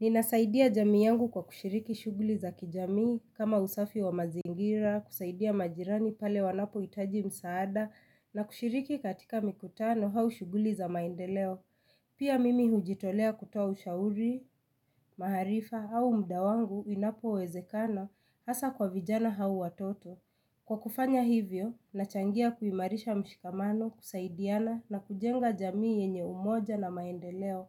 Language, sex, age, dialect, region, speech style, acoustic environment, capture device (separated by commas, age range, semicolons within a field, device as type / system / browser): Swahili, female, 25-34, Kenya - Nairobi Sheng-influenced, Kenya, excited; relaxed, silence, mobile / Linux / Chrome